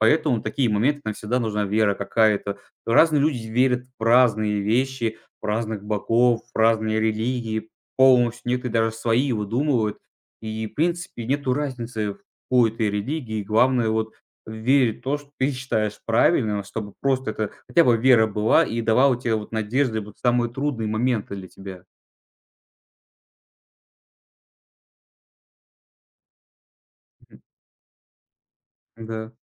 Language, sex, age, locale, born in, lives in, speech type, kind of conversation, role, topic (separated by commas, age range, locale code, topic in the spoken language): Russian, male, 20-24, ru-RU, Russia, Estonia, conversation, advice, advisor, Как пережить кризис веры или духовных убеждений?